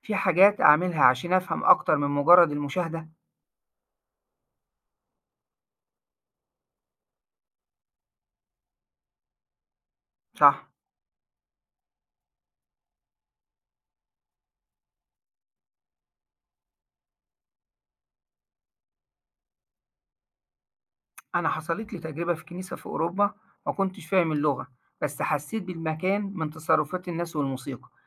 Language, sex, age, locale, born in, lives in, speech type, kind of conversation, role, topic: Arabic, male, 40-44, ar-EG, Egypt, Egypt, read, expert-assistant, asker, لو عايز أزور أماكن دينية مشهورة من أديان مختلفة، إزاي أخطط الرحلة دي وأستفيد منها؟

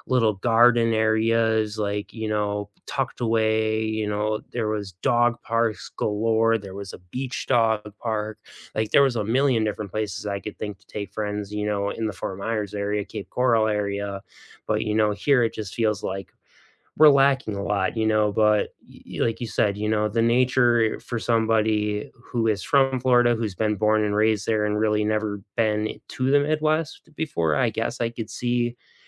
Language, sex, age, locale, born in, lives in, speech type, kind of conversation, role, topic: English, male, 35-39, en-US, United States, United States, conversation, unstructured, none, When friends visit your town, what’s the first place you love to show them, and why?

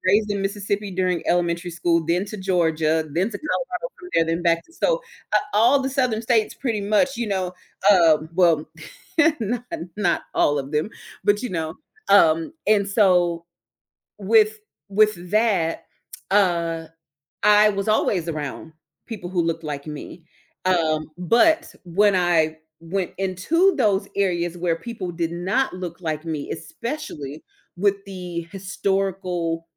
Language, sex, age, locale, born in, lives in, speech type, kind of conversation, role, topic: English, female, 35-39, en-US, United States, United States, conversation, podcast, guest, What helps people build stronger connections across different cultures and experiences?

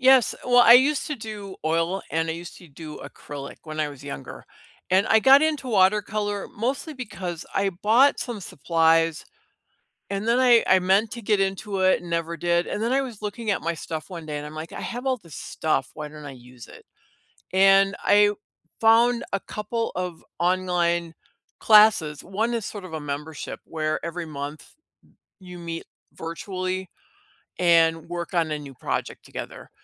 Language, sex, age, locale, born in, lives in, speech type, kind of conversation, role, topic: English, female, 60-64, en-US, United States, United States, conversation, unstructured, none, Which childhood hobby would you love to revisit as an adult, and what memories still draw you to it?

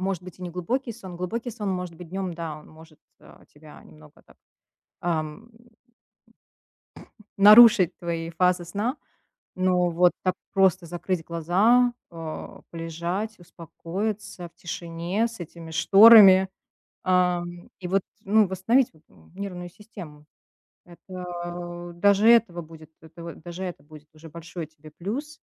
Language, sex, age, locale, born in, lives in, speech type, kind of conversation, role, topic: Russian, female, 35-39, ru-RU, Russia, United States, conversation, advice, advisor, Как дневной сон может помочь вам восстановить энергию в течение дня?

